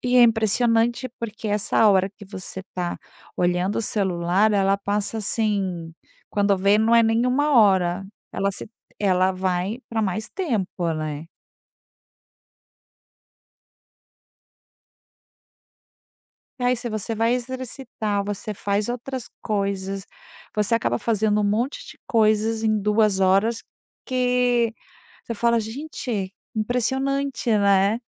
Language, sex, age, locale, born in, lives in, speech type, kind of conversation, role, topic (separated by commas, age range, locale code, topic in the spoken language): Portuguese, female, 50-54, pt-BR, Brazil, Spain, conversation, podcast, host, Como você cria disciplina para se exercitar regularmente?